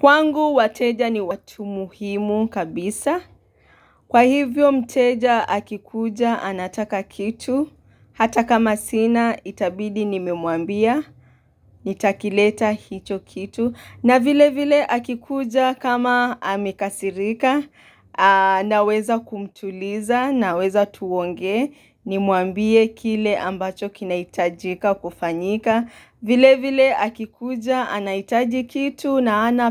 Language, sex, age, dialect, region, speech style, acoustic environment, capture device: Swahili, female, 25-34, Kenya - Mombasa, Kenya, happy; relaxed, nature, mobile / Linux / Chrome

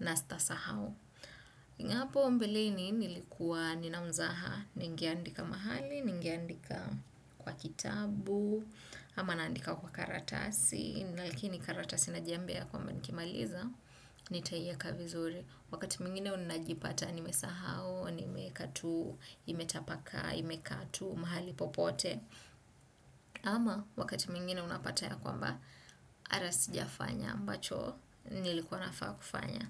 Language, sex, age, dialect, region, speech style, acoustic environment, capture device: Swahili, female, 25-34, Kenya - Nairobi Sheng-influenced, Kenya, focused, appliances; silence, desktop / Windows / Chrome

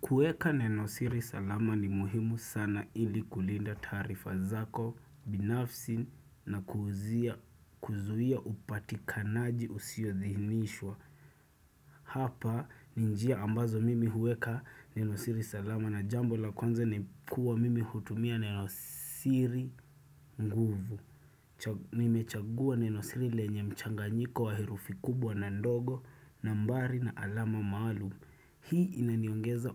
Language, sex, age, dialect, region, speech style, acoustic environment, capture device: Swahili, male, 25-34, Kenya - Nairobi Sheng-influenced, Kenya, happy, nature, desktop / Windows / Chrome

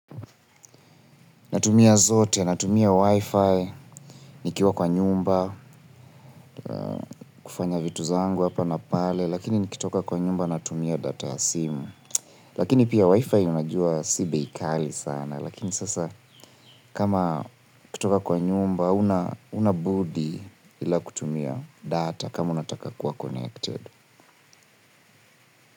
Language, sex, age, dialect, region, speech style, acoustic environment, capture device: Swahili, male, 25-34, Kenya - Nairobi Sheng-influenced, Kenya, focused, nature, mobile / Linux / Chrome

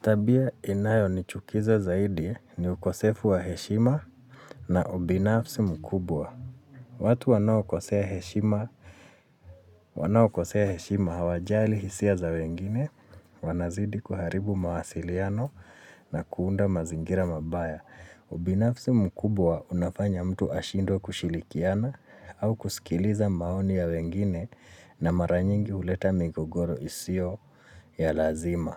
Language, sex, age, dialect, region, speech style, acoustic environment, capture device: Swahili, male, 35-44, Kenya - Nairobi Sheng-influenced, Kenya, focused, silence, mobile / Linux / Chrome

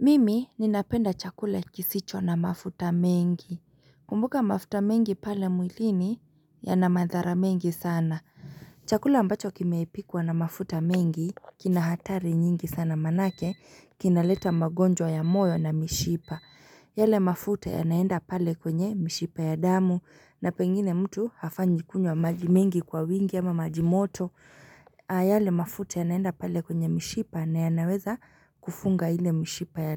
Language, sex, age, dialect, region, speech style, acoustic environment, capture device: Swahili, female, 25-34, Kenya - Nairobi Sheng-influenced, Kenya, happy; relaxed; excited; focused, nature, mobile / Linux / Chrome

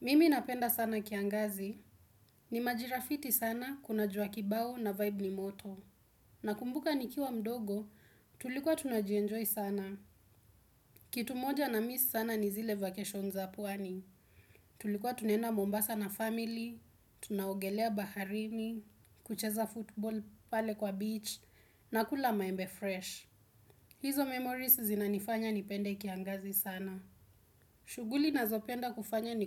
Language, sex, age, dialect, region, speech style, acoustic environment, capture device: Swahili, female, 18-24, Kenya - Nairobi Sheng-influenced, Kenya, relaxed; focused, silence; nature, desktop / Windows / Chrome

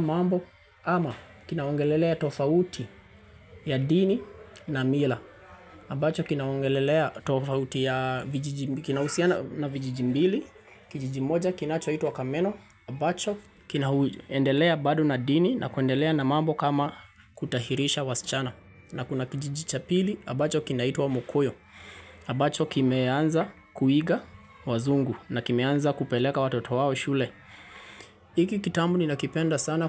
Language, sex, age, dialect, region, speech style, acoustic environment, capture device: Swahili, male, 25-34, Kenya - Nairobi Sheng-influenced, Kenya, anxious, appliances, mobile / Linux / Chrome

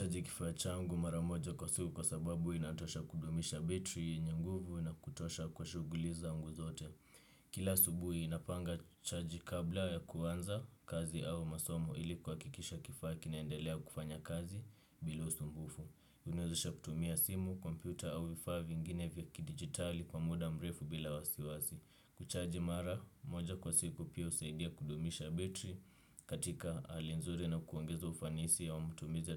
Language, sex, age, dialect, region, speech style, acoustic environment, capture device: Swahili, male, 18-24, Kenya - Nairobi Sheng-influenced, Kenya, relaxed, silence, desktop / Windows / Chrome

